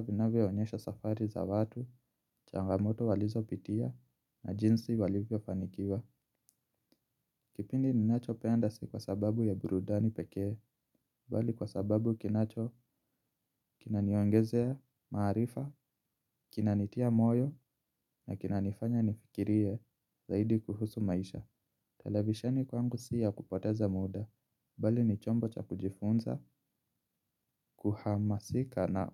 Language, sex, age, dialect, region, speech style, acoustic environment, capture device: Swahili, male, 25-34, Kenya - Nairobi Sheng-influenced, Kenya, relaxed, silence, mobile / Linux / Chrome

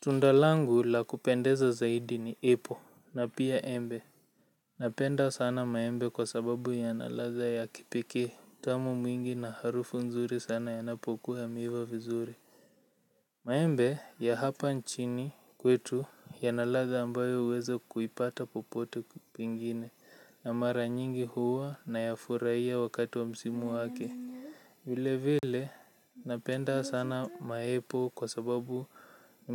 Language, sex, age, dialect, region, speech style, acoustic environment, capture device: Swahili, male, 18-24, Kenya - Nairobi Sheng-influenced, Kenya, relaxed, silence, mobile / Linux / Chrome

